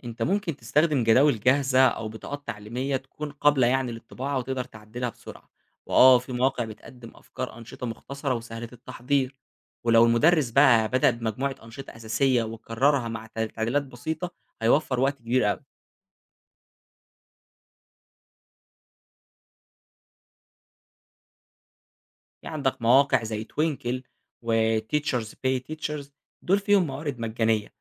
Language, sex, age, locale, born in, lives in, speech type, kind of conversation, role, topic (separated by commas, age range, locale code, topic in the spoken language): Arabic, male, 20-24, ar-EG, Egypt, Egypt, read, expert-assistant, expert, لو عايز أطور منهج تعليمي جديد، أبتدي أبحث منين وأعرف إيه المصادر اللي هتفيدني فعلاً؟